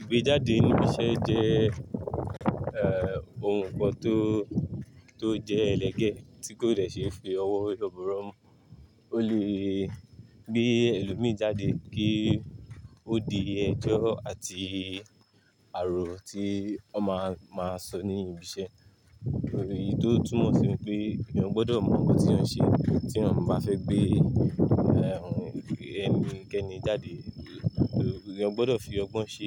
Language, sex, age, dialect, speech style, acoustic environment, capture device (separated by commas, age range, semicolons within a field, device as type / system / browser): Yoruba, male, 25-34, Nigeria - Lagos Yoruba, relaxed, nature, mobile / Linux / Chrome